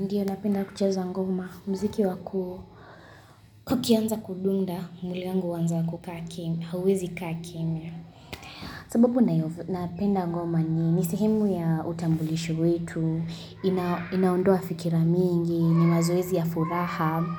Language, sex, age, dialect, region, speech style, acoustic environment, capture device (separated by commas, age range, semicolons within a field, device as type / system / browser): Swahili, female, 18-24, Kenya - Kitui, Kenya, happy, silence, mobile / Linux / Chrome